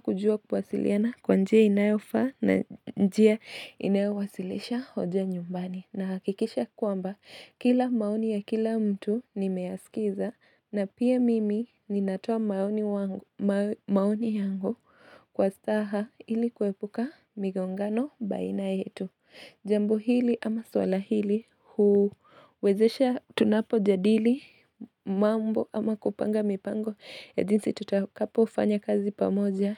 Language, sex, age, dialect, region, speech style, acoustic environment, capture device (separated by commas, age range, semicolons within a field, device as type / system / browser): Swahili, female, 25-34, Kenya - Nairobi Sheng-influenced, Kenya, relaxed, nature, mobile / Linux / Chrome